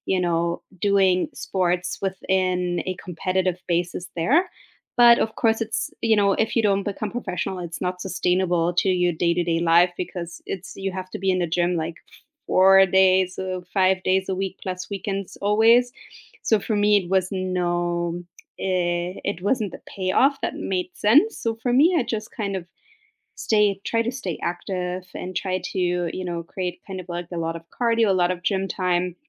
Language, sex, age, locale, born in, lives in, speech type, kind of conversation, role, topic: English, female, 35-39, en-DE, Germany, United States, conversation, unstructured, none, How has being active made a difference in your life?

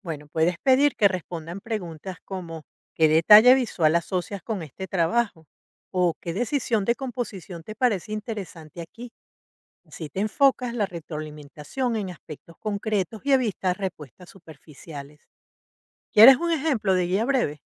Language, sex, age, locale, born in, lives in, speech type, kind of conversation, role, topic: Spanish, female, 55-59, es-VE, Venezuela, United States, read, expert-assistant, expert, ¿Cuáles son diferentes formas creativas de establecer metas personales que realmente te motiven a largo plazo?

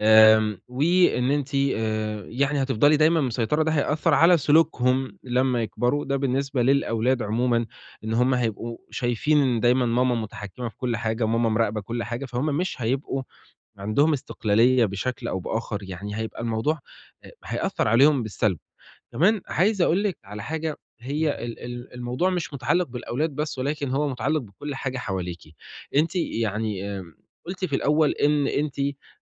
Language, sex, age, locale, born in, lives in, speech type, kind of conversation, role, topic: Arabic, male, 20-24, ar-EG, Egypt, Italy, conversation, advice, advisor, إزاي أقدر أتعرف على أنماط التفكير اللي بتتكرر وبتخلّيني أكرر سلوكيات مؤذية؟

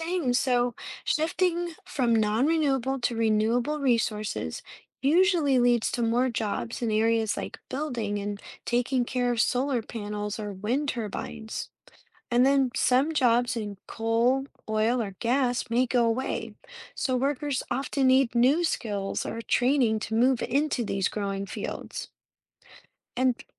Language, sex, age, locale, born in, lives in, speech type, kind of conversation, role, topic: English, female, 35-39, en-US, United States, United States, read, expert-assistant, expert, How do renewable and nonrenewable resources affect economic development differently?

